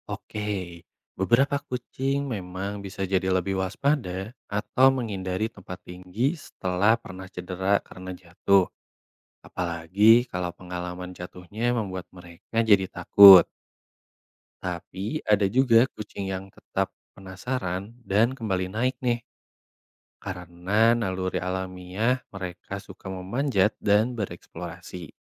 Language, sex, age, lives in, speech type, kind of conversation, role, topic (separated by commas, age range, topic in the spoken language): Indonesian, male, 25-29, Indonesia, read, expert-assistant, expert, Mengapa kucing selalu mendarat dengan kaki saat jatuh?